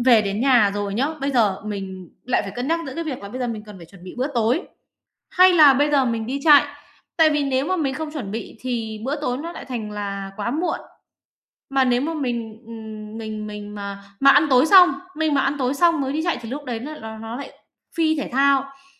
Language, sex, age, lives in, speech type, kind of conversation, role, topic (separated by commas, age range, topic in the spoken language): Vietnamese, female, 30-34, Vietnam, conversation, advice, user, Làm sao để cân bằng thời gian giữa học tập, công việc và sở thích?